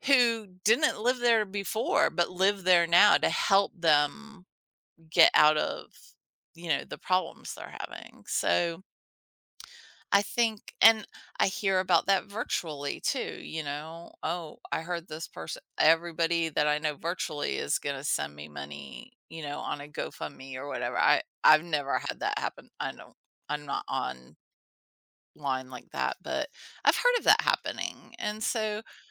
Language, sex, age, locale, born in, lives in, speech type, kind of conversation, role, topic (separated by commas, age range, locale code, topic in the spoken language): English, female, 50-54, en-US, United States, United States, conversation, podcast, host, How do people create a sense of belonging and connection within their communities?